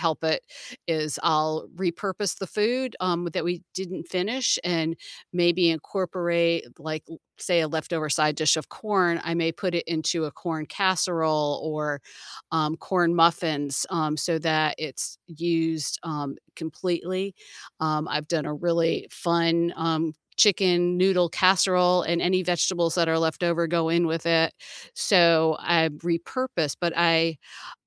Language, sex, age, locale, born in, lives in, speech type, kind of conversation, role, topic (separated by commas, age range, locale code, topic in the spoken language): English, female, 55-59, en-US, United States, United States, conversation, unstructured, none, What small rituals and finishing touches make your home-cooked meals feel special?